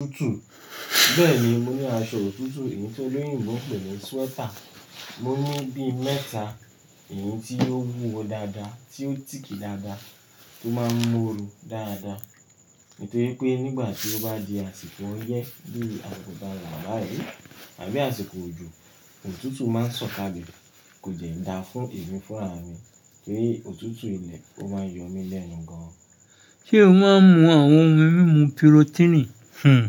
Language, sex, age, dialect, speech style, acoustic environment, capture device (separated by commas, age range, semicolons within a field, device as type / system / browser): Yoruba, male, 25-34, Nigeria - Lagos Yoruba, happy, nature, mobile / Linux / Chrome